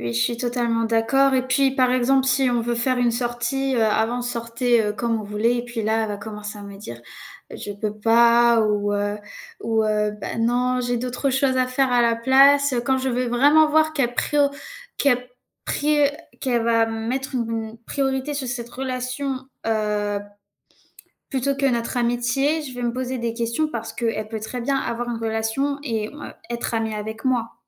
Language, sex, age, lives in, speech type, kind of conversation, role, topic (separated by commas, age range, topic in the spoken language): French, female, 18-19, France, conversation, unstructured, none, Comment savoir si une relation est toxique ?